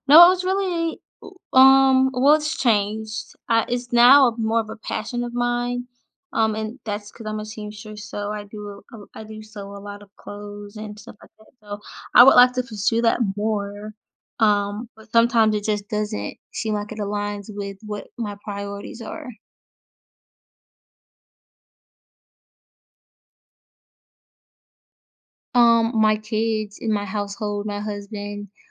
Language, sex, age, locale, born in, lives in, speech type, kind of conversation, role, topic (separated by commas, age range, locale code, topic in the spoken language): English, female, 25-29, en-US, United States, United States, conversation, advice, user, How do I clarify my values and choose which goals to focus on?